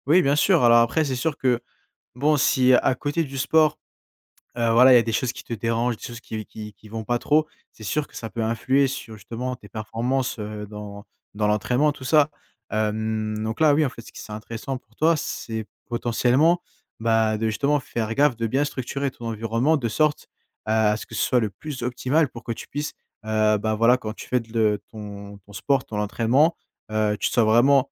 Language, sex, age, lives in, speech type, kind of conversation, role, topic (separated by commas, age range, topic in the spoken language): French, male, 20-24, France, conversation, advice, advisor, Pourquoi est-ce que je perds ma motivation en cours de route vers mon objectif ?